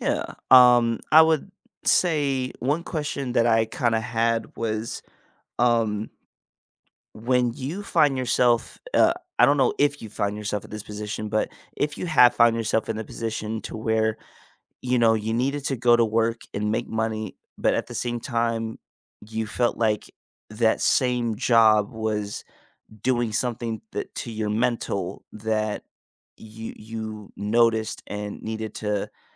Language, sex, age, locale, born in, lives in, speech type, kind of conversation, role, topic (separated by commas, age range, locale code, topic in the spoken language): English, male, 25-29, en-US, United States, United States, conversation, unstructured, none, How do you balance work and personal life to feel at peace?